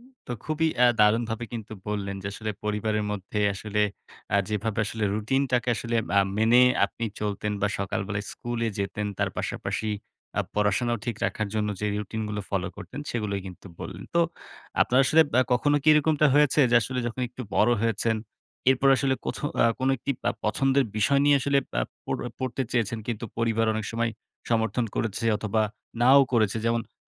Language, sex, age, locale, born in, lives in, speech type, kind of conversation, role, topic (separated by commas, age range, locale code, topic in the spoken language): Bengali, male, 18-19, bn-BD, Bangladesh, Bangladesh, conversation, podcast, host, তোমার পড়াশোনা নিয়ে পরিবারের প্রত্যাশা কেমন ছিল?